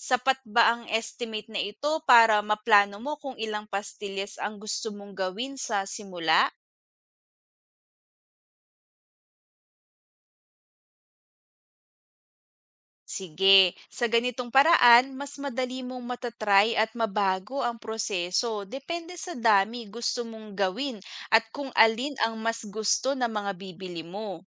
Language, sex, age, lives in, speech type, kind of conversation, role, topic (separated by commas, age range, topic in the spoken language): Filipino, female, 25-29, Philippines, read, expert-assistant, expert, Paano ginagawa ang pastillas de leche?